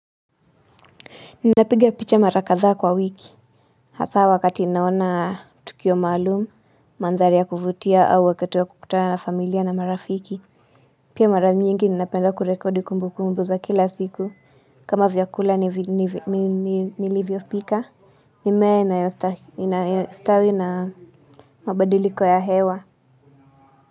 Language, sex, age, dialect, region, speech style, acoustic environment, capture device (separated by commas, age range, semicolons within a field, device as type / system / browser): Swahili, female, 25-34, Kenya - Nairobi Sheng-influenced, Kenya, relaxed, people talking, mobile / Linux / Chrome